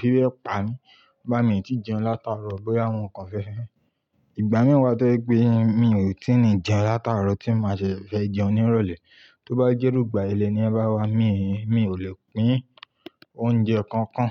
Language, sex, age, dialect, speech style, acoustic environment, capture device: Yoruba, male, 25-34, Nigeria - Ibadan, happy; relaxed, silence, mobile / Linux / Chrome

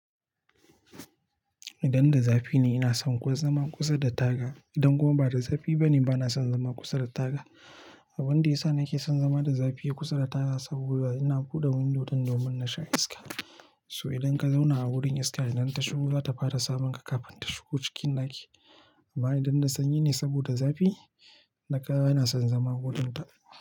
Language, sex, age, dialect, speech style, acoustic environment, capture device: Hausa, male, 25-34, Nigeria - Nigerian Standard, happy; relaxed, silence, mobile / Linux / Chrome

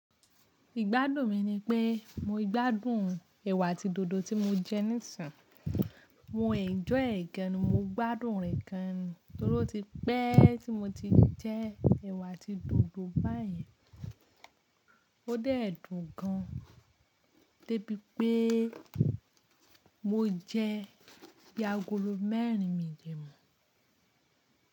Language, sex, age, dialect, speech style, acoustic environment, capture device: Yoruba, female, 25-34, Nigeria - Ibadan, relaxed, nature, mobile / Linux / Chrome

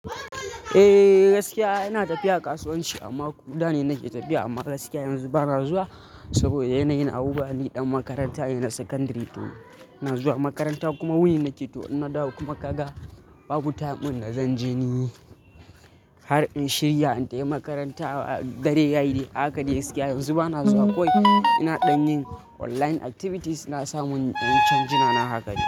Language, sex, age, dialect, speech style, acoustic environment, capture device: Hausa, male, 18-24, Nigeria - Kano, happy, people talking, mobile / Linux / Chrome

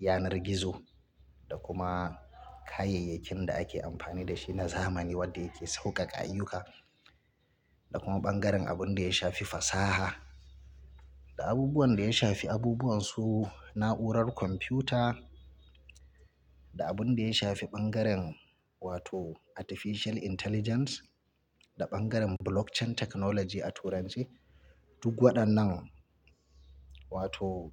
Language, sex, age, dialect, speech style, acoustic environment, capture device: Hausa, male, 35-44, Nigeria - Kano, relaxed; focused, silence, mobile / Linux / Chrome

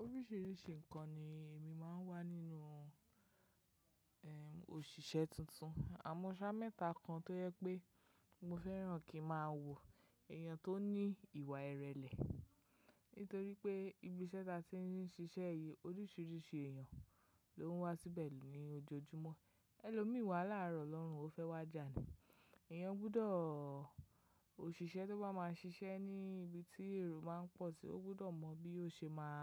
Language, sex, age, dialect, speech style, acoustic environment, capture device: Yoruba, female, 25-34, Nigeria - Lagos Yoruba, relaxed, nature, mobile / macOS / Safari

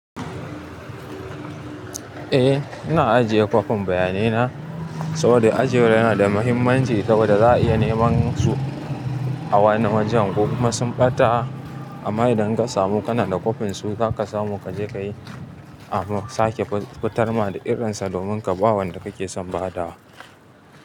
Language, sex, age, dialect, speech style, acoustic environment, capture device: Hausa, male, 25-34, Nigeria - Kano, happy, traffic, mobile / Linux / Chrome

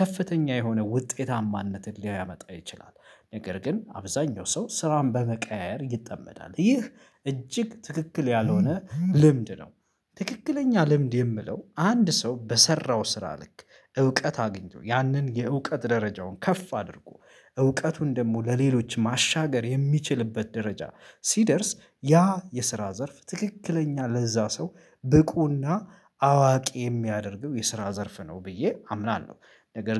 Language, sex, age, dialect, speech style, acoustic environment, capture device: Amharic, male, 25-34, Ethiopia - Addis Ababa, focused, nature, mobile / Linux / Chrome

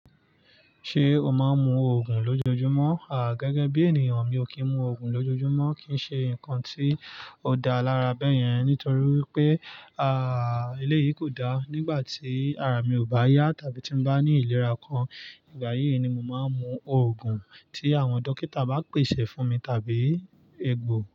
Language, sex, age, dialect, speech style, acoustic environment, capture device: Yoruba, male, 25-34, Nigeria - Nigerian Standard, relaxed, nature, mobile / Linux / Chrome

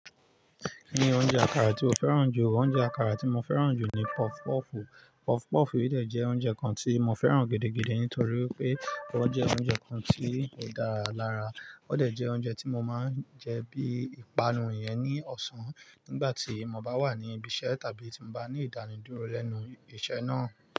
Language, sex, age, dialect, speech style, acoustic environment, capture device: Yoruba, male, 25-34, Nigeria - Lagos Yoruba, relaxed, nature, mobile / Linux / Chrome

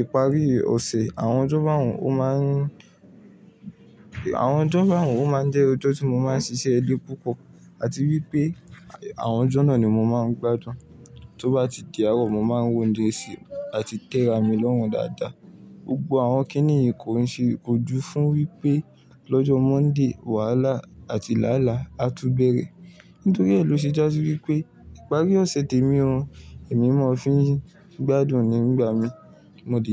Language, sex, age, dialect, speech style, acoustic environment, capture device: Yoruba, male, 18-24, Nigeria - Ibadan, relaxed, nature, mobile / Linux / Chrome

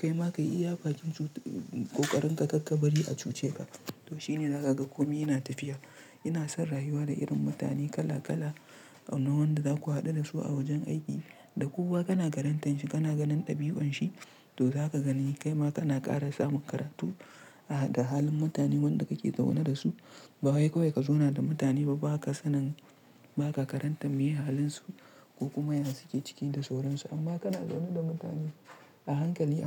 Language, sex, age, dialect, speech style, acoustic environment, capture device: Hausa, male, 25-34, Nigeria - Kano, relaxed; tired; stressed; focused, nature; silence, mobile / Linux / Chrome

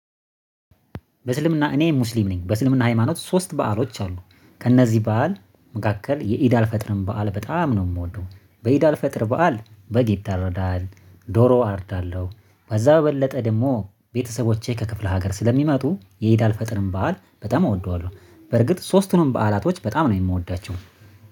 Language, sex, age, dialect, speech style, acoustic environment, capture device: Amharic, male, 25-34, Ethiopia - Addis Ababa, happy, silence; nature, mobile / Linux / Chrome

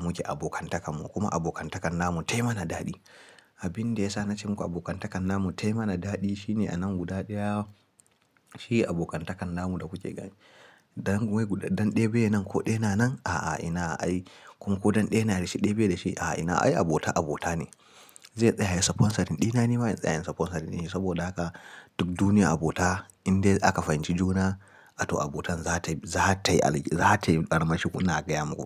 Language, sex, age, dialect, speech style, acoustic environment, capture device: Hausa, male, 18-24, Nigeria - Kano, happy, silence, mobile / Linux / Chrome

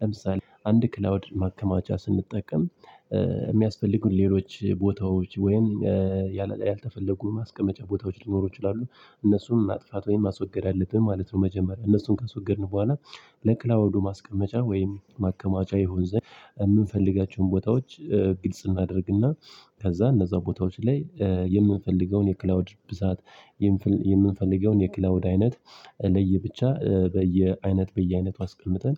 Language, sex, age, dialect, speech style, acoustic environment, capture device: Amharic, male, 25-34, Ethiopia - Addis Ababa, happy, nature, mobile / Linux / Chrome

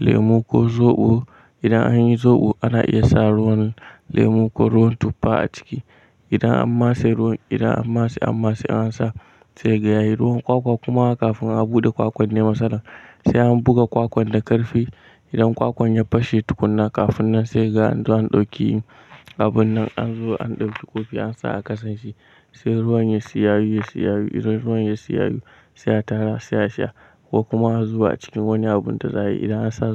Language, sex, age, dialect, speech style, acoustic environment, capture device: Hausa, male, 18-24, Nigeria - Kano, nervous; excited; tired; anxious, people talking; music; nature, mobile / Linux / Chrome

